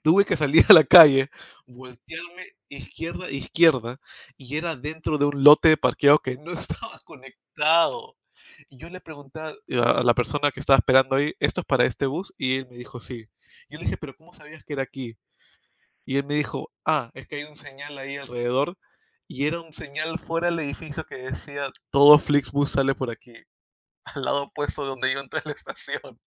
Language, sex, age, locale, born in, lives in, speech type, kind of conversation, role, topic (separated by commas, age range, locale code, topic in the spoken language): Spanish, male, 25-29, es-PE, United States, United States, conversation, unstructured, none, ¿Qué ha sido lo más frustrante que te ha pasado al viajar?